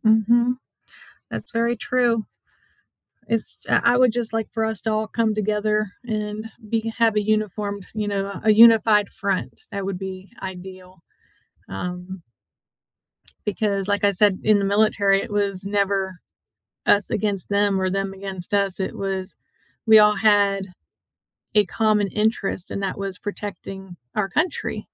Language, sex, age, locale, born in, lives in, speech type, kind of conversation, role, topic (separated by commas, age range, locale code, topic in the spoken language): English, female, 50-54, en-US, United States, United States, conversation, unstructured, none, Why do some historical injustices continue to affect people today?